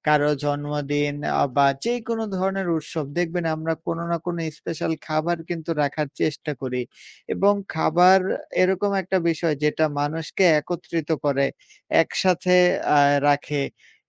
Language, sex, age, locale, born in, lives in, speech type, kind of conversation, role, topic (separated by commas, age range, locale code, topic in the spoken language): Bengali, male, 20-24, bn-BD, Bangladesh, Bangladesh, conversation, unstructured, none, আপনি কি মনে করেন খাবার ভাগাভাগি করলে মানুষ একে অপরের আরও কাছাকাছি আসে?